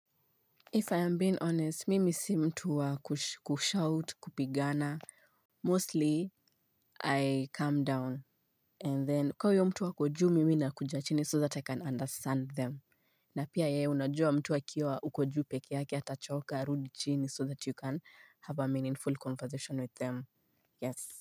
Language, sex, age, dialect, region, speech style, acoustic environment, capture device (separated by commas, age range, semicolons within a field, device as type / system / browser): Swahili, female, 25-34, Kenya - Nairobi Sheng-influenced, Kenya, happy, silence, mobile / Linux / Chrome